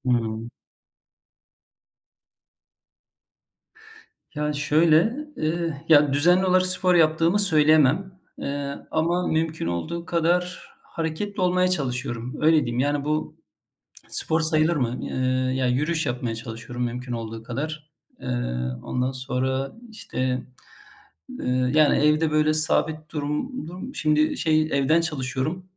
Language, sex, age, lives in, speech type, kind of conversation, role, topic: Turkish, male, 45-49, Germany, conversation, unstructured, none, Hareketsiz kalmak sizin için ne kadar ciddi bir sağlık tehdidi oluşturuyor?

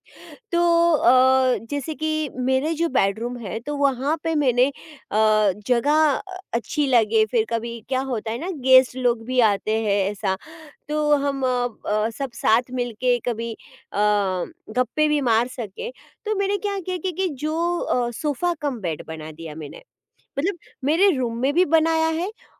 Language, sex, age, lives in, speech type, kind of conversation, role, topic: Hindi, female, 40-44, India, conversation, podcast, guest, Small budget mein ghar ko zyada achhe se organize kaise karoge?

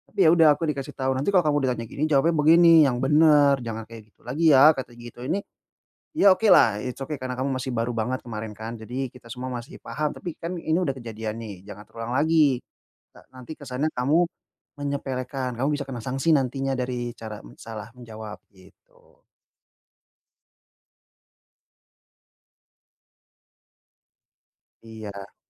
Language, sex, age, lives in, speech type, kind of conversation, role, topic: Indonesian, male, 30-34, Indonesia, conversation, advice, user, Bagaimana cara saya pulih setelah mengatakan sesuatu yang memalukan?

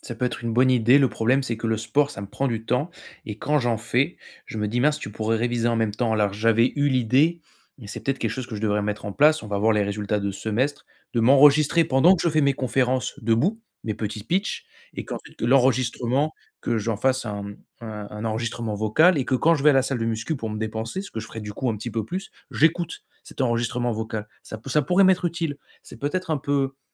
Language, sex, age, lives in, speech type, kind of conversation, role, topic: French, male, 25-29, France, conversation, advice, user, Comment puis-je réguler mon énergie et mon attention tout au long de la journée ?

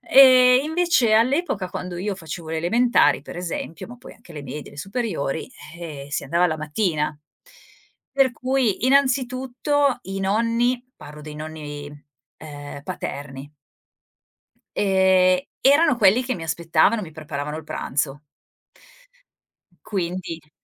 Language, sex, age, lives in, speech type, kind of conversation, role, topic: Italian, female, 45-49, Italy, conversation, podcast, guest, Che ruolo hanno avuto i tuoi nonni nella tua crescita?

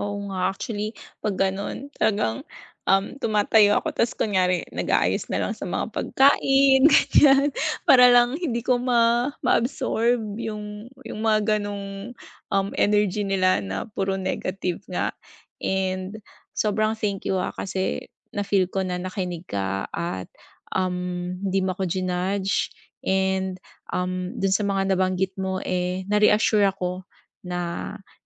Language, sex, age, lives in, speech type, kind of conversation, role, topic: Filipino, female, 40-44, Philippines, conversation, advice, user, Paano ako makikisalamuha sa grupo kahit iba ang mga hilig nila?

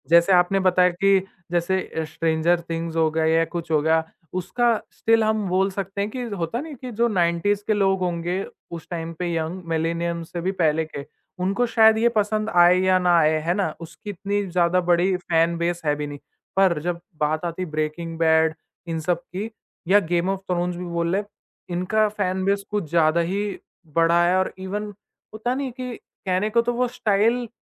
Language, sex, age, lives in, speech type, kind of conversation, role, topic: Hindi, male, 20-24, India, conversation, podcast, host, Kya nostalgia pop culture ko baar-baar revive karta hai, aap kya sochte ho?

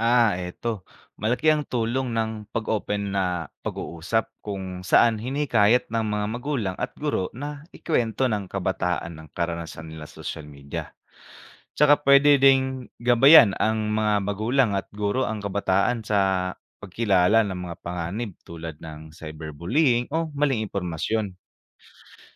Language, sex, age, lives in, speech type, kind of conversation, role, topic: Filipino, male, 25-29, Philippines, read, expert-assistant, expert, Ano ang mga epekto ng labis na paggamit ng social media sa kabataan?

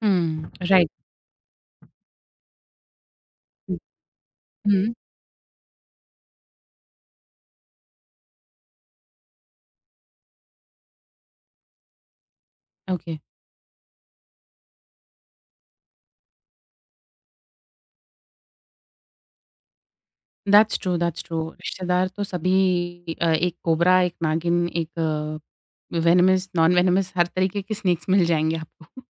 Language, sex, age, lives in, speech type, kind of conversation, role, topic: Hindi, female, 25-29, India, conversation, podcast, host, Kya kabhi aapko apni pehchaan chhupani padi, aur us waqt aapko kaisa mehsoos hua?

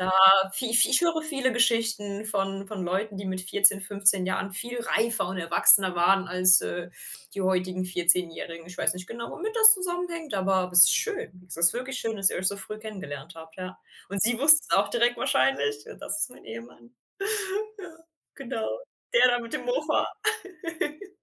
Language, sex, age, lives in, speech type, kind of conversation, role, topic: German, female, 30-34, Germany, conversation, podcast, host, Welcher Lehrer oder welche Lehrerin hat dich besonders geprägt?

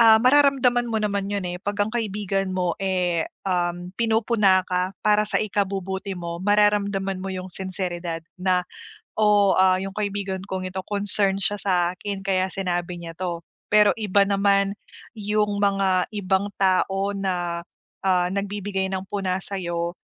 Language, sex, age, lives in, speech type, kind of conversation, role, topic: Filipino, female, 30-34, Philippines, conversation, advice, advisor, Paano ko mapoproseso ang aking damdamin at makapagbibigay ng mahinahong tugon sa halip na padalus-dalos na reaksyon kapag binabatikos ako?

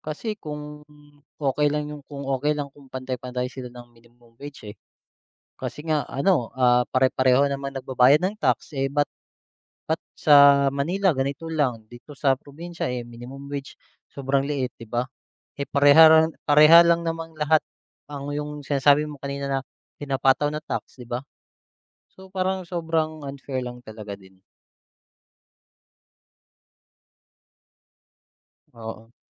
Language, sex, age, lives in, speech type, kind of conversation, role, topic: Filipino, male, 30-34, Philippines, conversation, unstructured, none, Kung maaari kang magbago ng isang batas, alin ito at bakit?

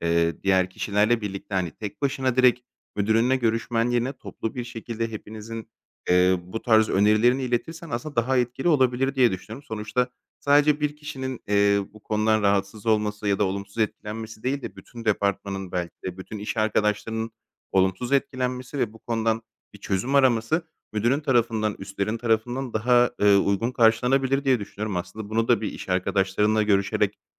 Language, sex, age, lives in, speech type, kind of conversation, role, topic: Turkish, male, 30-34, Greece, conversation, advice, advisor, Toplantılar günümü ve enerjimi tüketip zamanımı çaldığında bunu nasıl yönetebilirim?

